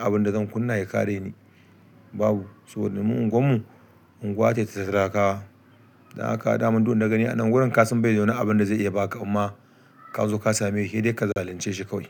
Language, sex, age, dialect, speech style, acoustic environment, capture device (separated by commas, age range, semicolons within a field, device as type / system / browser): Hausa, male, 35-44, Nigeria - Kano, happy, people talking; music; nature, desktop / Linux / Chrome